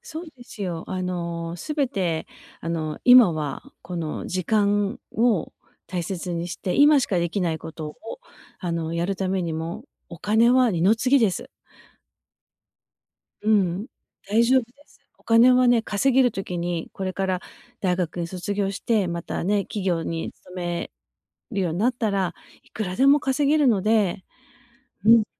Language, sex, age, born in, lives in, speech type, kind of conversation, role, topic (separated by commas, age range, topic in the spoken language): Japanese, female, 50-54, Japan, United States, conversation, advice, advisor, 浪費癖のせいで貯金がまったく増えないのですが、どうすれば改善できますか？